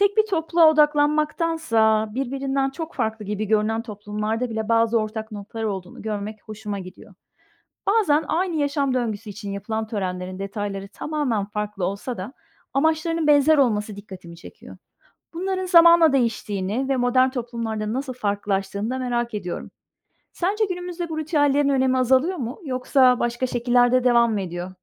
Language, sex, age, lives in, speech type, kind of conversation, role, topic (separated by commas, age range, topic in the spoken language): Turkish, female, 45-49, Spain, read, expert-assistant, asker, Farklı kültürlerde geçiş ritüelleri nasıl değişiyor?